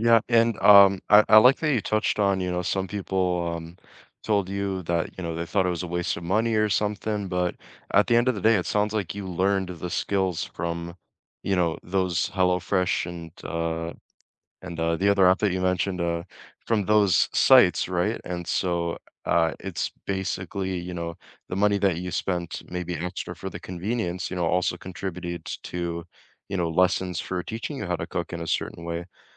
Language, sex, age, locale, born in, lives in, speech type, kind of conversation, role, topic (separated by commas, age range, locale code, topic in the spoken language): English, male, 20-24, en-CA, Canada, Canada, conversation, unstructured, none, What’s a skill that seems hard but is easier than you thought?